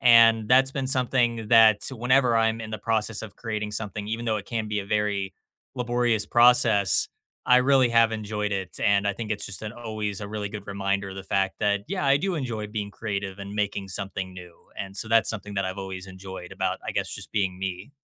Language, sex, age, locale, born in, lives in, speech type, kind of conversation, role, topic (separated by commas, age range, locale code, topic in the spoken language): English, male, 25-29, en-US, United States, United States, conversation, unstructured, none, When do you feel happiest being exactly who you are?